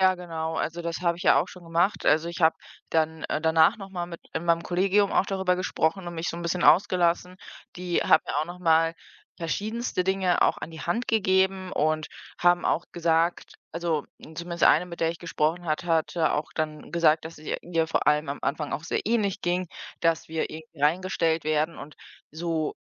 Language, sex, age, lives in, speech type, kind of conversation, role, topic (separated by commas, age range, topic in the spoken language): German, female, 25-29, Germany, conversation, advice, user, Wie erlebst du es, wenn du immer nach demselben Muster arbeitest und dir neue Ansätze fehlen?